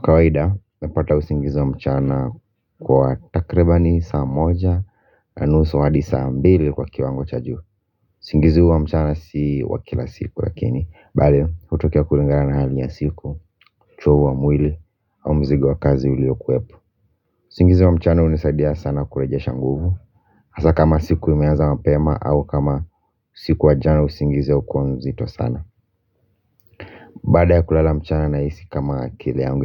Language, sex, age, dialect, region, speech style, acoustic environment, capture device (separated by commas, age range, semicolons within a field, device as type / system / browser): Swahili, male, 25-34, Kenya - Nairobi Sheng-influenced, Kenya, relaxed, music; construction; silence, mobile / Linux / Chrome